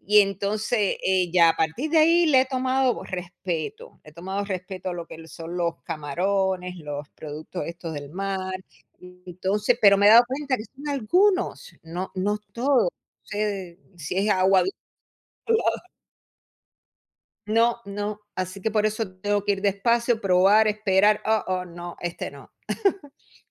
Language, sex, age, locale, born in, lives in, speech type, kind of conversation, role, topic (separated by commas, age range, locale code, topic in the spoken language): Spanish, female, 55-59, es-US, Cuba, United States, conversation, unstructured, none, ¿Alguna vez has tenido una experiencia divertida o rara con la comida?